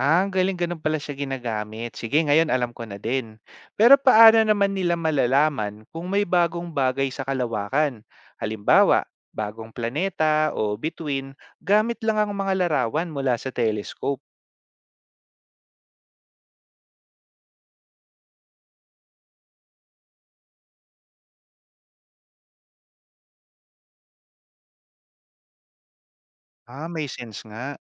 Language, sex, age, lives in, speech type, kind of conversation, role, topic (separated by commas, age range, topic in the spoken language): Filipino, male, 30-34, Philippines, read, expert-assistant, asker, Paano gumagana ang mga telescope sa pagtingin sa kalawakan?